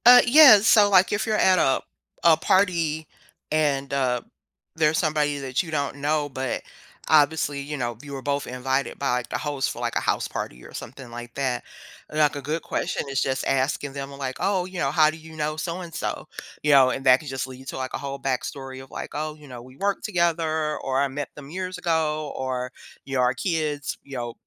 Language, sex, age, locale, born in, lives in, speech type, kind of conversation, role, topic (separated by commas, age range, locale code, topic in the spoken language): English, female, 50-54, en-US, United States, United States, conversation, podcast, guest, How can thoughtful questions make conversations more meaningful and engaging?